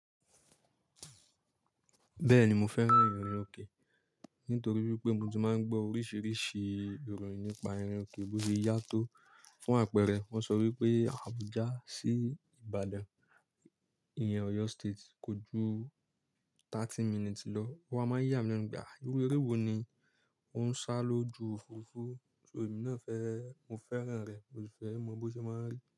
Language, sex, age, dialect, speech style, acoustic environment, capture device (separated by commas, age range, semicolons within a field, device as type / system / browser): Yoruba, male, 25-34, Nigeria - Nigerian Standard, relaxed; focused, silence, mobile / Linux / Chrome